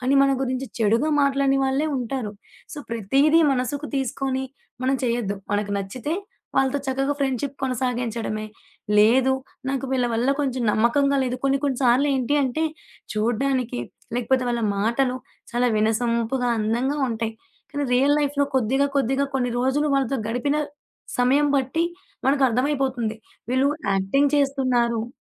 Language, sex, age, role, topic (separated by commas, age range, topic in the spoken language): Telugu, female, 20-24, guest, ఆన్‌లైన్‌లో మద్దతు పొందగలమా?